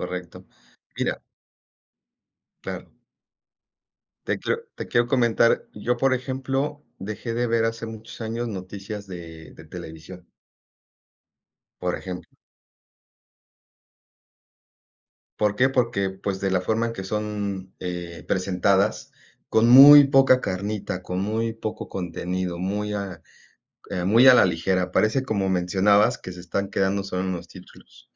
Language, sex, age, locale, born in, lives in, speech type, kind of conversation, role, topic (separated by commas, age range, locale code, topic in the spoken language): Spanish, male, 45-49, es-MX, Mexico, Mexico, conversation, unstructured, none, ¿Qué opinas sobre la manera en que los medios presentan las noticias?